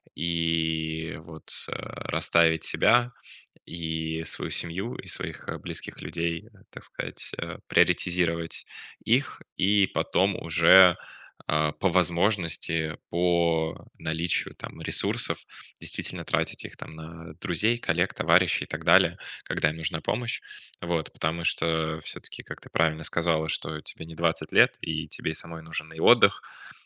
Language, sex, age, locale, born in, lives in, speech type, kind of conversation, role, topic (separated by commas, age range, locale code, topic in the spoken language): Russian, male, 20-24, ru-RU, Russia, Germany, conversation, advice, advisor, Как научиться отказывать на работе и сохранять энергию, обозначая свои границы?